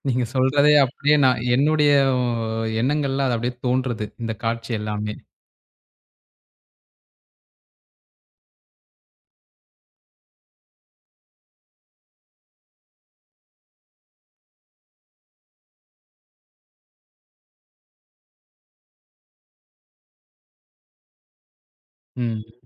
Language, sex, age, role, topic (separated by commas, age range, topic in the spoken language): Tamil, male, 20-24, host, வீட்டில் தனிமையாக ஓய்வெடுக்க ஒரு தனி இடத்தை அமைக்க வேண்டிய முக்கியமான விஷயங்கள் என்ன?